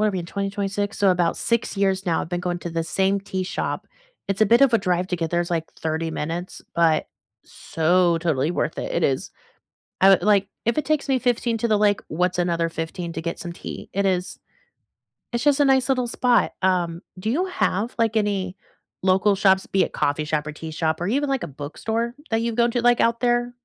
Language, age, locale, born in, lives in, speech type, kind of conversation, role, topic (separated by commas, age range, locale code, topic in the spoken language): English, 25-29, en-US, United States, United States, conversation, unstructured, none, What places in your city feel like secret sanctuaries to you?